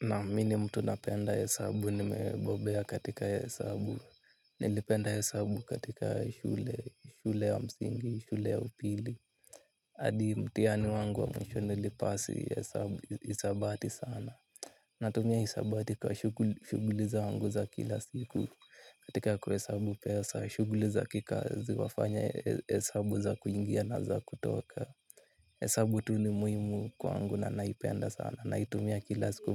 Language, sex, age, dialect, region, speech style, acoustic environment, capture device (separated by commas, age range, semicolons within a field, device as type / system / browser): Swahili, male, 18-24, Kenya - Nairobi Sheng-influenced, Kenya, relaxed, nature, mobile / Linux / Chrome